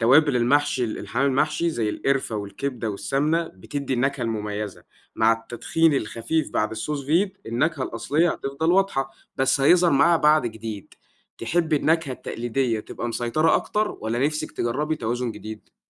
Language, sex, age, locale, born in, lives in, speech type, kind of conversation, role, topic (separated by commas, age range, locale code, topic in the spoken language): Arabic, male, 20-24, ar-EG, Egypt, Egypt, read, expert-assistant, expert, لو عايزين نبتكر وجبة مصرية جديدة تجمع بين أكلات شعبية وتقنيات طبخ حديثة، هتكون عاملة إزاي؟